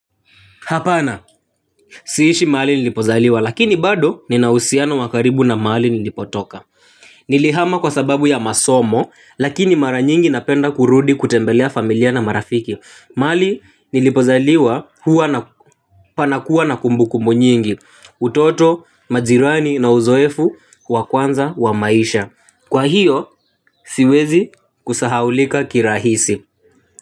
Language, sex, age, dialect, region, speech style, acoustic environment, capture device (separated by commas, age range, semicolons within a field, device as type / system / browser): Swahili, male, 18-24, Kenya - Nairobi Sheng-influenced, Kenya, happy, nature; people talking, mobile / Linux / Chrome